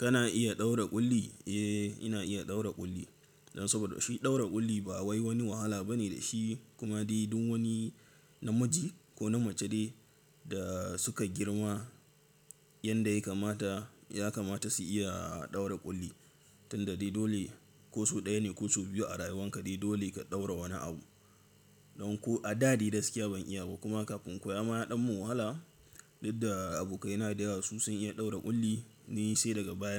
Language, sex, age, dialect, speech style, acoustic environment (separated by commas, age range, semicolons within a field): Hausa, male, 18-24, Nigeria - Katsina, nervous; excited, silence